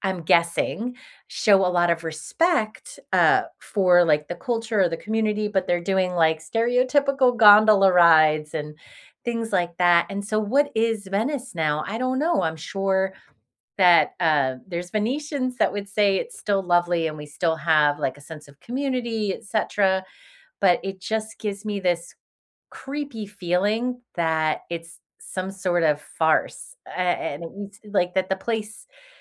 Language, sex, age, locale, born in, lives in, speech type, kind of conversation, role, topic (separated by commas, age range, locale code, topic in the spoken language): English, female, 45-49, en-US, United States, United States, conversation, unstructured, none, Do you think tourism helps or harms local communities?